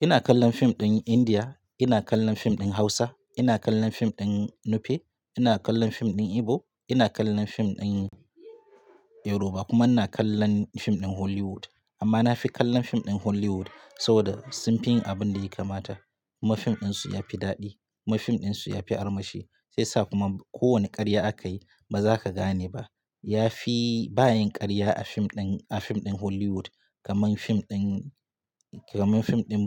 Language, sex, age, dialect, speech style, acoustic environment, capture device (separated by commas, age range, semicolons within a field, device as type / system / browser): Hausa, male, 25-34, Nigeria - Katsina, relaxed, silence, mobile / Linux / Chrome